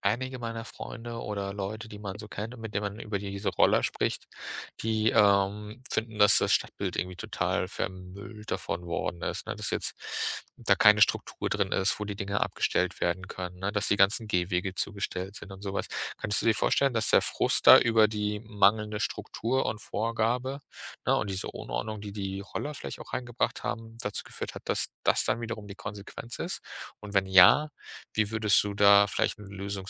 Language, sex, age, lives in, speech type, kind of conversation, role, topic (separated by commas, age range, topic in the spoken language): German, male, 30-34, Germany, conversation, podcast, host, Wie hat die Technik unsere Mobilität verändert?